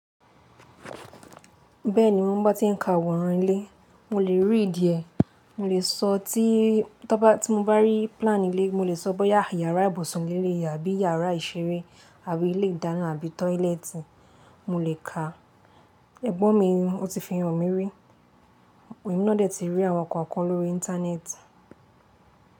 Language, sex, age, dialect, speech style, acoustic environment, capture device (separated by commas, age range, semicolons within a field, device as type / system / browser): Yoruba, female, 18-24, Nigeria - Lagos Yoruba, relaxed, nature, mobile / Linux / Chrome